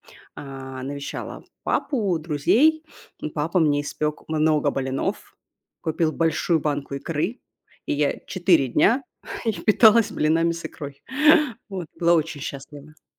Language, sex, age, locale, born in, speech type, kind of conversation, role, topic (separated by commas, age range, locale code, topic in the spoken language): Russian, female, 35-39, ru-RU, Russia, conversation, podcast, guest, Какая еда вызывает у тебя чувство дома и почему?